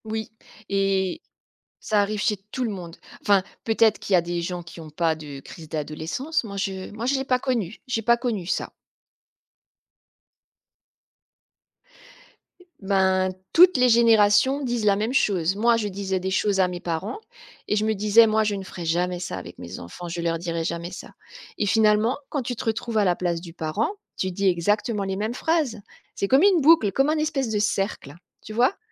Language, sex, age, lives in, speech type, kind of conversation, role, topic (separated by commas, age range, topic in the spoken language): French, female, 45-49, France, conversation, podcast, guest, Comment instaurer un véritable dialogue entre parents et enfants ?